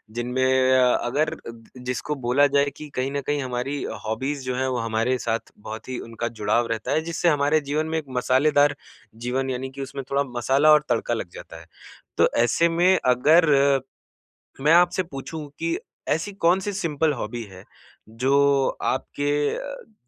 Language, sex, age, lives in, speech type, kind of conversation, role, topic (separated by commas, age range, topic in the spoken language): Hindi, male, 25-29, India, conversation, podcast, host, Kaunsa simple shauk tumhe turant aaram de deta hai?